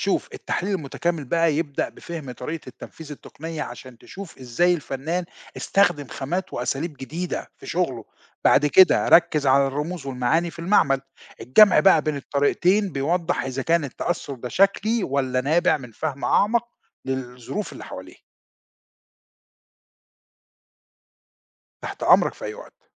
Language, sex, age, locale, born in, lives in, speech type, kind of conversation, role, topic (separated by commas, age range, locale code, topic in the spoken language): Arabic, male, 50-54, ar-EG, Egypt, Portugal, read, expert-assistant, expert, لو عايز أتابع تطور الفنون بين العصور المختلفة، أنظم ده إزاي؟